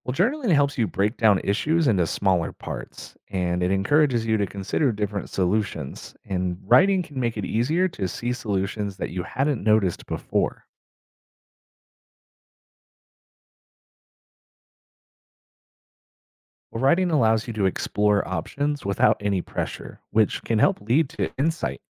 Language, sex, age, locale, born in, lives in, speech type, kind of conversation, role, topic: English, male, 30-34, en-US, United States, United States, read, expert-assistant, expert, What are the psychological benefits of journaling?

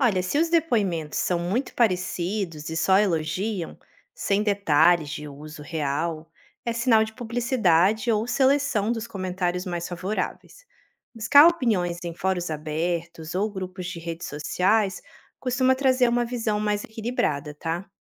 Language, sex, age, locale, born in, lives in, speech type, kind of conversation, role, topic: Portuguese, female, 35-39, pt-BR, Brazil, Italy, read, expert-assistant, expert, Quando vejo listas de produtos “essenciais” para o lar, como posso saber se são recomendações sinceras ou só publicidade?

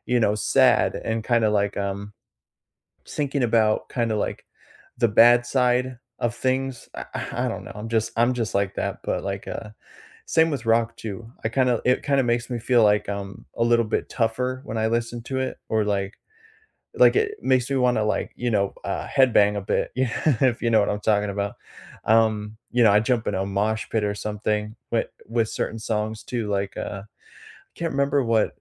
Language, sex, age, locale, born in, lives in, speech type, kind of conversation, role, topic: English, male, 25-29, en-US, United States, United States, conversation, unstructured, none, Which book, movie, or song has stayed with you, and why does it still matter to you?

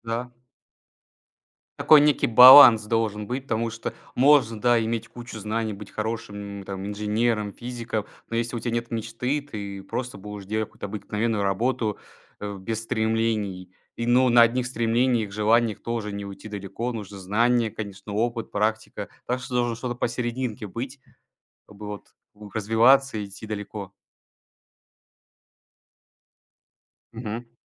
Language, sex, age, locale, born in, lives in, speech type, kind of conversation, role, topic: Russian, male, 20-24, ru-RU, Russia, Estonia, conversation, unstructured, none, Как наука помогает нам мечтать и создавать?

